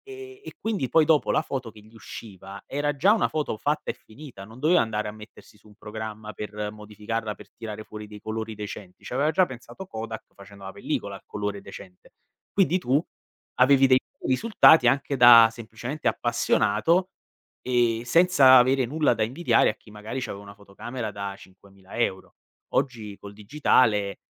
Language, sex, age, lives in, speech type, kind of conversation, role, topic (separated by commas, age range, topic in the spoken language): Italian, male, 25-29, Italy, conversation, podcast, guest, Usi limiti o vincoli per stimolare la creatività, e in che modo?